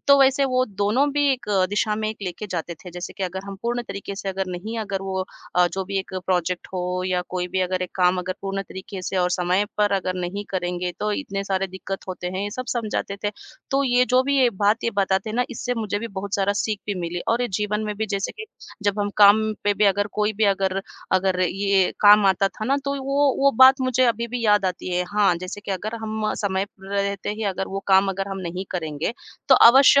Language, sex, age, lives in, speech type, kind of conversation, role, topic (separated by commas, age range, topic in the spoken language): Hindi, female, 40-44, India, conversation, podcast, guest, आपने अपना पहला मेंटर कैसे ढूँढा?